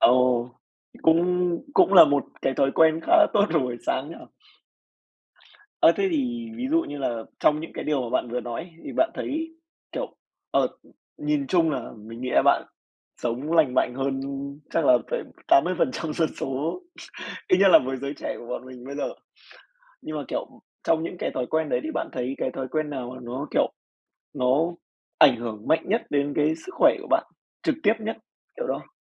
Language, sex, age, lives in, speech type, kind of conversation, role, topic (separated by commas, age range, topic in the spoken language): Vietnamese, male, 20-24, Vietnam, conversation, podcast, host, Thói quen buổi sáng nào giúp bạn khỏe mạnh nhất?